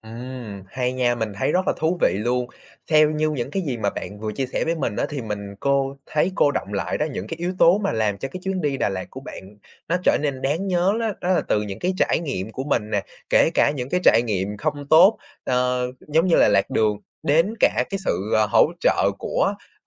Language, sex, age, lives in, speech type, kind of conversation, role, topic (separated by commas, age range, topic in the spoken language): Vietnamese, male, 20-24, Vietnam, conversation, podcast, host, Chuyến đi đáng nhớ nhất của bạn là chuyến đi nào?